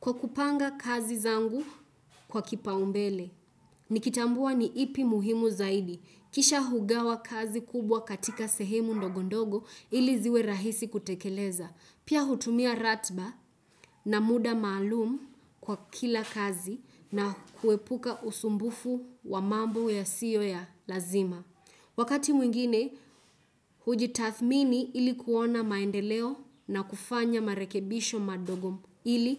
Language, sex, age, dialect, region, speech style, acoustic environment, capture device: Swahili, female, 18-24, Kenya - Nairobi Sheng-influenced, Kenya, focused, silence, desktop / Windows / Chrome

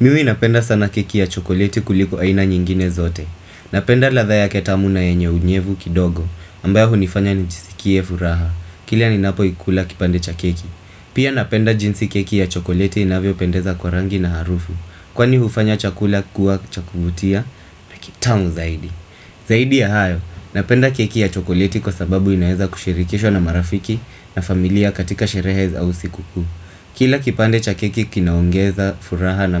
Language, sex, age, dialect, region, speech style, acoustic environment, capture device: Swahili, male, 25-34, Kenya - Mombasa, Kenya, focused, appliances; traffic, desktop / Windows / Firefox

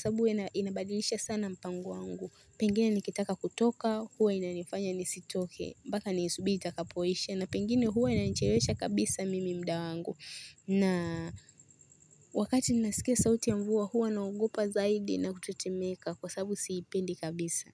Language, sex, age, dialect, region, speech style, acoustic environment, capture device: Swahili, female, 18-24, Tanzania - Dar es Salaam, Tanzania, relaxed, people talking, mobile / Linux / Chrome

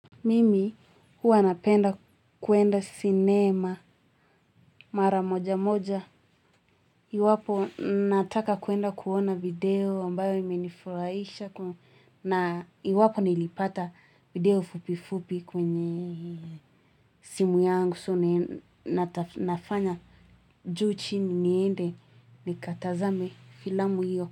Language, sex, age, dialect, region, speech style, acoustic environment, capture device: Swahili, female, 18-24, Kenya - Nairobi Sheng-influenced, Kenya, happy; anxious; tired, people talking; music; nature; traffic, mobile / Linux / Chrome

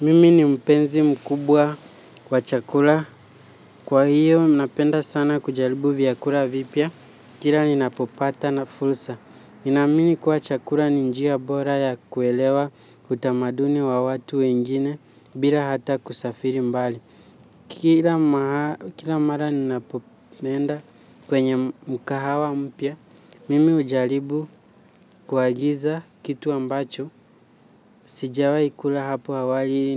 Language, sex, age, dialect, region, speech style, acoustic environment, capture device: Swahili, male, 18-24, Kenya - Nairobi Sheng-influenced, Kenya, relaxed; focused, nature; silence, mobile / Linux / Chrome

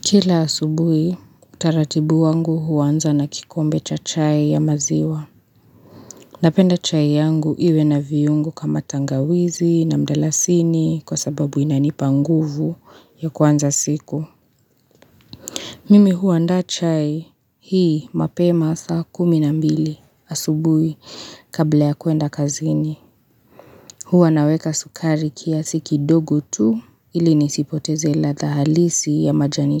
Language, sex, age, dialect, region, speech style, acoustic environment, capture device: Swahili, female, 25-34, Kenya - Nairobi Sheng-influenced, Kenya, relaxed, nature, mobile / Linux / Chrome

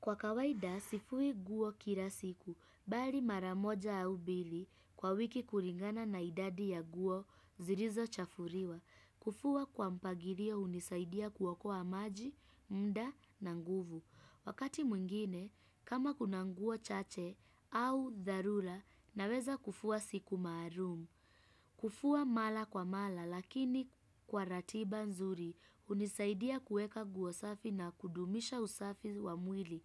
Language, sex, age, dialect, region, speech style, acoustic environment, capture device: Swahili, female, 25-34, Kenya - Nairobi Sheng-influenced, Kenya, happy, people talking, desktop / Windows / Chrome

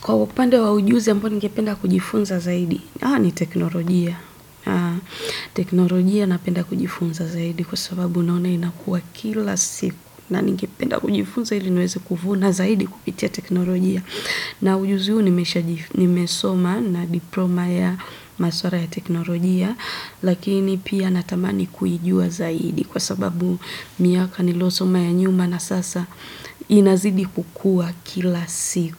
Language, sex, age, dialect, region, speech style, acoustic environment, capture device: Swahili, female, 25-34, Tanzania - Dar es Salaam, Tanzania, happy, silence, desktop / Windows / Edge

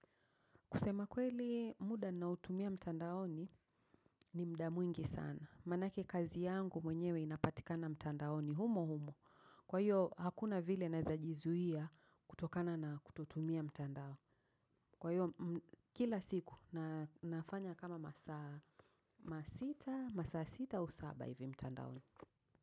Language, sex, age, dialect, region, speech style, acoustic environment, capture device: Swahili, female, 35-44, Kenya - Nairobi Sheng-influenced, Kenya, focused, people talking; traffic; nature, mobile / macOS / Safari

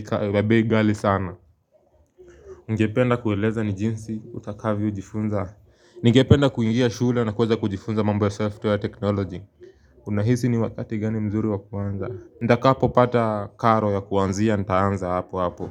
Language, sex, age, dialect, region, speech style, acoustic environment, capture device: Swahili, male, 18-24, Kenya - Nairobi Sheng-influenced, Kenya, focused; happy, people talking, mobile / Linux / Chrome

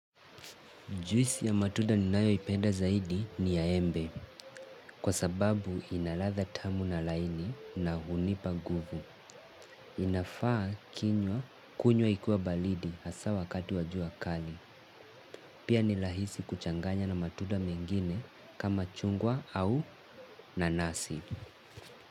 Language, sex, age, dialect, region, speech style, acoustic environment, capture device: Swahili, male, 18-24, Kenya - Mombasa, Kenya, relaxed, nature, mobile / Linux / Chrome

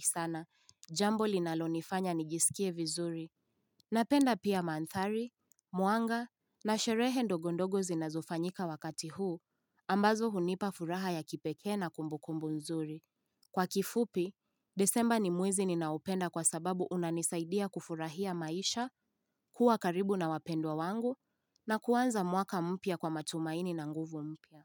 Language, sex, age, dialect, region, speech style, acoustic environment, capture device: Swahili, female, 18-24, Kenya - Nairobi Sheng-influenced, Kenya, relaxed; excited; focused, nature; silence, mobile / Linux / Chrome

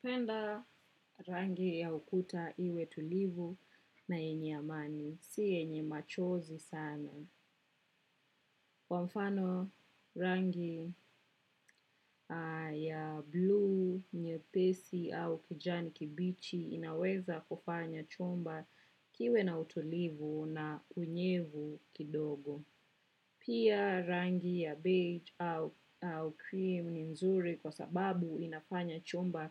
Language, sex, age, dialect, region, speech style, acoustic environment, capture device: Swahili, female, 25-34, Kenya - Nairobi Sheng-influenced, Kenya, relaxed, nature, desktop / macOS / Chrome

